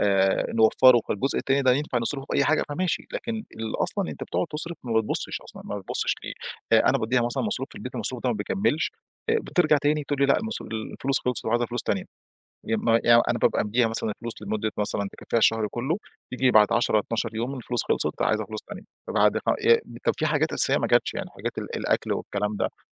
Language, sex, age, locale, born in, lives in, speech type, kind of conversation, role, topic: Arabic, male, 35-39, ar-EG, Egypt, Egypt, conversation, advice, user, إزاي نحلّ الخلافات بيني وبين شريكي/شريكتي عن أولويات الصرف والادخار؟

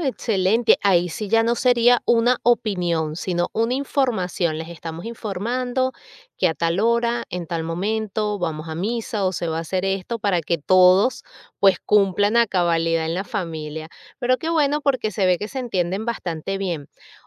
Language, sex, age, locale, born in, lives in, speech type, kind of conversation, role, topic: Spanish, female, 40-44, es-VE, Venezuela, United States, conversation, podcast, host, ¿Qué importancia le das a la opinión de tu familia?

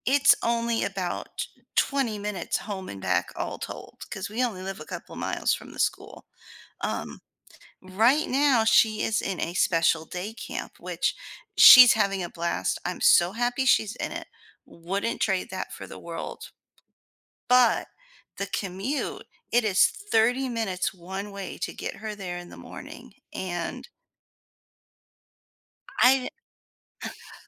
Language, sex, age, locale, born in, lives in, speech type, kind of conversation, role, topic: English, female, 40-44, en-US, United States, United States, conversation, advice, user, How can I manage stress from my daily routines?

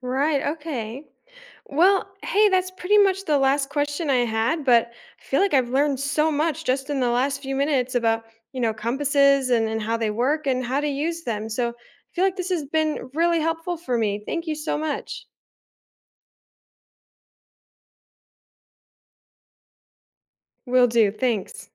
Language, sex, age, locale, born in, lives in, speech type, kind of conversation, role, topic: English, female, 20-24, en-US, United States, United States, read, expert-assistant, asker, How does a compass help people find direction?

